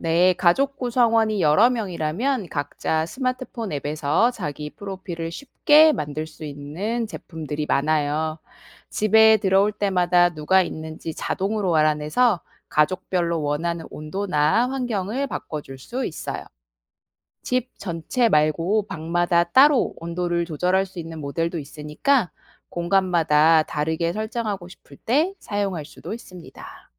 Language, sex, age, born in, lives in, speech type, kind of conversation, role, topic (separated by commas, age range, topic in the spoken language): Korean, female, 35-39, South Korea, South Korea, read, expert-assistant, expert, 스마트 온도 조절기가 실내 환경을 쾌적하게 유지하는 방법은 무엇인가요?